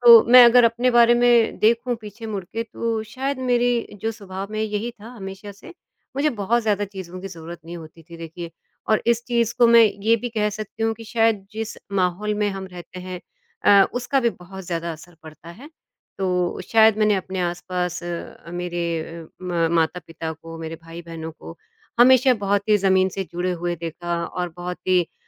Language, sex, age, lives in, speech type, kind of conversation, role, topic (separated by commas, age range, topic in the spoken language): Hindi, female, 50-54, India, conversation, podcast, guest, तुम्हारे लिए मिनिमलिस्ट शैली का क्या अर्थ है?